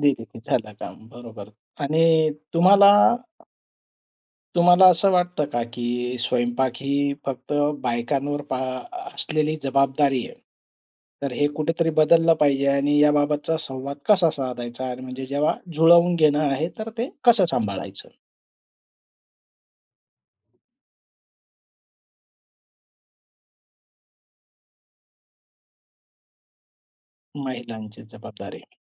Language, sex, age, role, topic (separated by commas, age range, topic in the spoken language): Marathi, male, 45-49, host, जेवण बनवून प्रेम दाखवणं तुम्हाला किती महत्त्वाचं वाटतं?